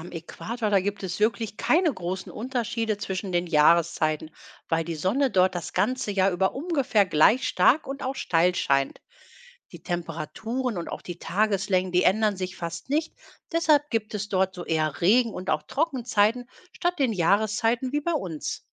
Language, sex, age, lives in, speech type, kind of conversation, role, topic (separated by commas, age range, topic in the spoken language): German, female, 45-49, Germany, read, expert-assistant, expert, Warum gibt es Jahreszeiten?